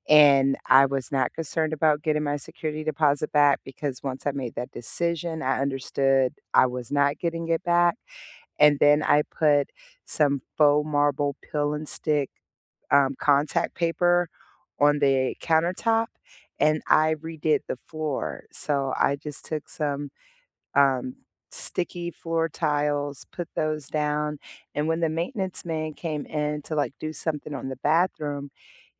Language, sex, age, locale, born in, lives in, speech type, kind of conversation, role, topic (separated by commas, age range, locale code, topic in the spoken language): English, female, 40-44, en-US, United States, United States, conversation, unstructured, none, What budget-friendly ways have you found to make your kitchen refresh truly pop?